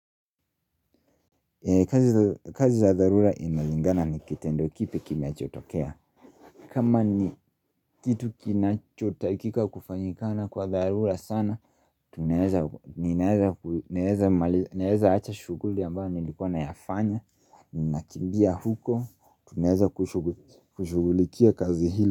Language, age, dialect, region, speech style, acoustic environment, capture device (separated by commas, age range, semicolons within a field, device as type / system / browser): Swahili, 25-34, Kenya - Nairobi Sheng-influenced, Kenya, relaxed, silence, mobile / Linux / Chrome